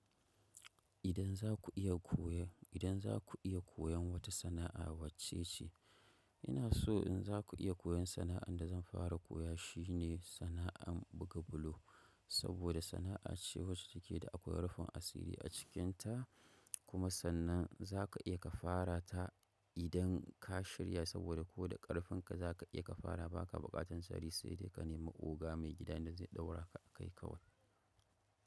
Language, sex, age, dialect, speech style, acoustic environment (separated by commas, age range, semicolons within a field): Hausa, male, 25-34, Nigeria - Kano, focused, nature